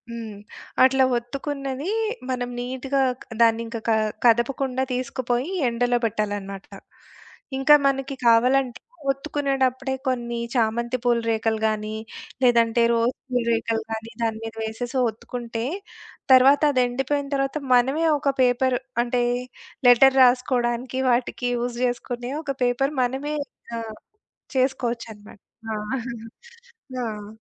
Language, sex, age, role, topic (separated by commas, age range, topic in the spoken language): Telugu, female, 40-44, guest, పునర్వినియోగం గురించి మీకు తెలిసిన సరళమైన చిట్కాలు ఏమిటి?